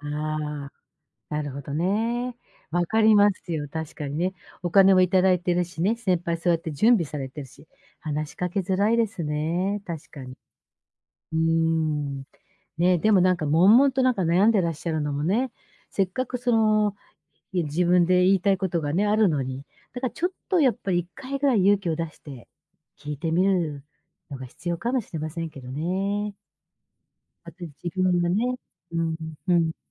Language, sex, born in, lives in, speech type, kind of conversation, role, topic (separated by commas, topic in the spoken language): Japanese, female, Japan, Japan, conversation, advice, advisor, 仕事で自分らしさを出せず疲れているのはなぜですか？